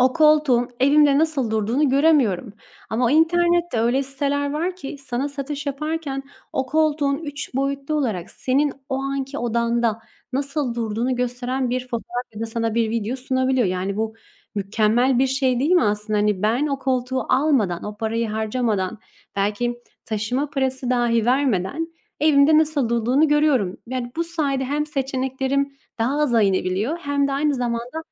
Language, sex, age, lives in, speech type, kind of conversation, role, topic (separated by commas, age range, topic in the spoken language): Turkish, female, 25-29, Italy, conversation, unstructured, none, Online alışveriş mi yoksa mağazadan alışveriş mi daha pratiktir?